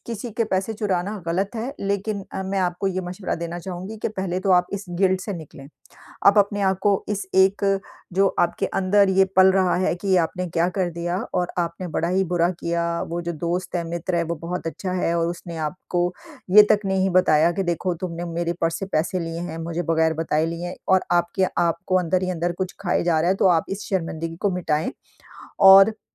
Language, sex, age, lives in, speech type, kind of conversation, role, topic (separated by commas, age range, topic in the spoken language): Hindi, female, 50-54, United States, conversation, advice, advisor, जब मुझे लगे कि मैंने कुछ गलत किया है, तो मैं अपराधबोध और शर्म को कैसे संभालूँ?